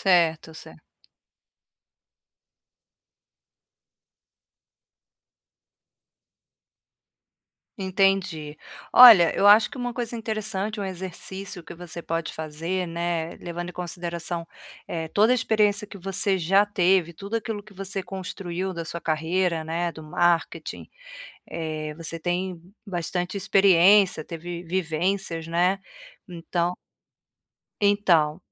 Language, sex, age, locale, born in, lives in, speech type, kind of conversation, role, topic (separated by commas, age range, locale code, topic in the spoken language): Portuguese, female, 45-49, pt-BR, Brazil, Portugal, conversation, advice, advisor, Como posso visualizar diferentes caminhos para o meu futuro?